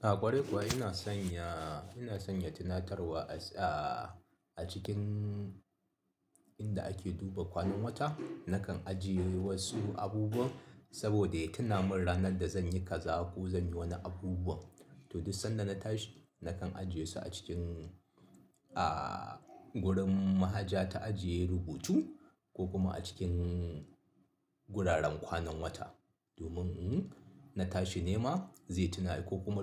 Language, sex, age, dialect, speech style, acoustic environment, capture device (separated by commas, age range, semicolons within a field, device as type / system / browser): Hausa, male, 35-44, Nigeria - Kano, happy, people talking; appliances, mobile / Linux / Chrome